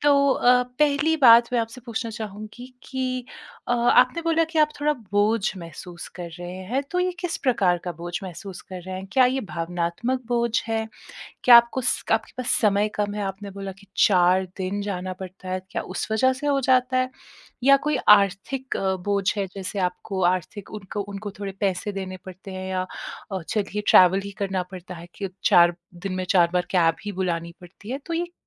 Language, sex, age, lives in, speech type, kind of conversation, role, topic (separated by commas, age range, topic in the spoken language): Hindi, female, 30-34, India, conversation, advice, advisor, क्या आपको बुजुर्ग रिश्तेदारों की देखभाल की सामाजिक जिम्मेदारी का बोझ महसूस होता है?